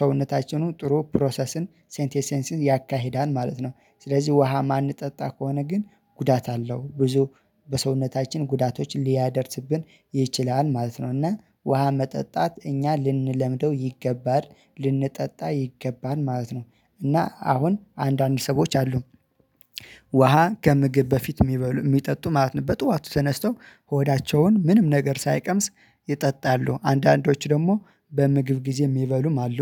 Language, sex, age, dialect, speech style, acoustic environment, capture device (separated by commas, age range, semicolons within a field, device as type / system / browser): Amharic, male, 25-34, Ethiopia - Addis Ababa, relaxed, silence, mobile / Linux / Chrome